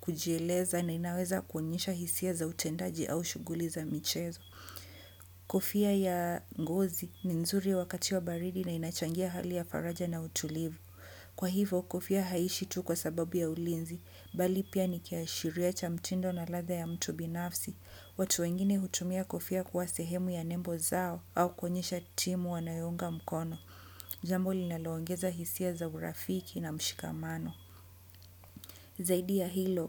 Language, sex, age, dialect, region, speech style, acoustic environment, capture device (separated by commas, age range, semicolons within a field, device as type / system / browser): Swahili, female, 25-34, Kenya - Mombasa, Kenya, happy, nature; people talking; construction; appliances, desktop / Windows / Chrome